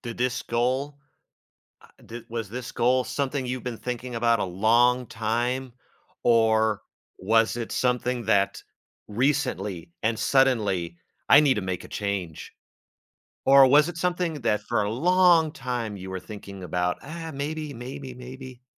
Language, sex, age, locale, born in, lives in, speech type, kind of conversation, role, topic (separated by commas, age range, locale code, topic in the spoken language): English, male, 40-44, en-US, United States, United States, conversation, podcast, host, How do you approach goals that challenge and inspire you at the same time?